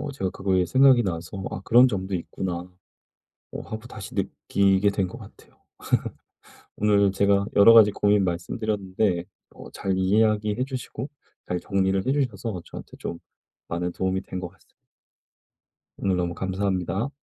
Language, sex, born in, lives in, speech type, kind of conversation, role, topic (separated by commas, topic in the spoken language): Korean, male, South Korea, South Korea, conversation, advice, user, 어떻게 하면 내가 하는 일이 더 의미 있게 느껴질까요?